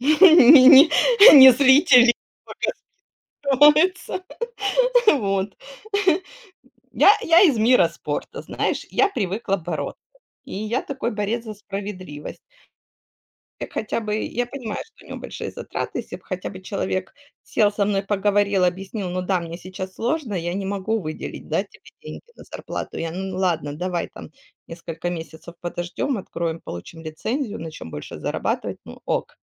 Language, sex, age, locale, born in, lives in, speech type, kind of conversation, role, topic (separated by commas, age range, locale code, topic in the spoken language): Russian, female, 40-44, ru-RU, Ukraine, Spain, conversation, podcast, guest, Как вы находите в себе уверенность в сложных ситуациях?